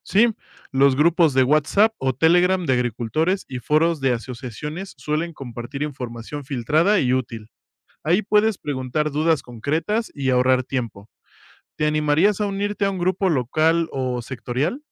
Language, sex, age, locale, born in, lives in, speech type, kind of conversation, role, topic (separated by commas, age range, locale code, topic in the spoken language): Spanish, male, 25-29, es-MX, Mexico, Mexico, read, expert-assistant, expert, ¿Cómo puedo mantenerme actualizado sobre innovaciones tecnológicas en agricultura sin perderme entre tanta información?